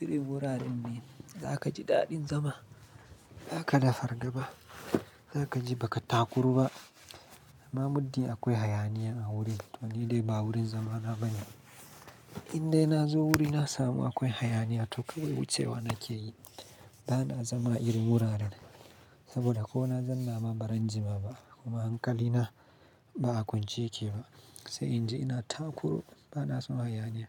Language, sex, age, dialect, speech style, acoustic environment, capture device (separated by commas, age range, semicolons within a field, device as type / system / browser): Hausa, male, 25-34, Nigeria - Kano, anxious, silence, mobile / Linux / Chrome